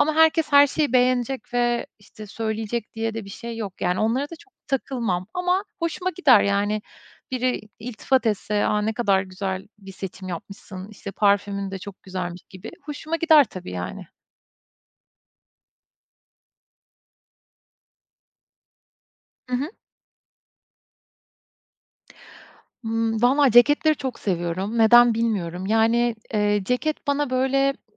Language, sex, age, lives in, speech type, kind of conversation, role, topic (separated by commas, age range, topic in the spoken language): Turkish, female, 35-39, Estonia, conversation, podcast, guest, Özgüvenini artıran bir kıyafetin ya da ritüelin var mı?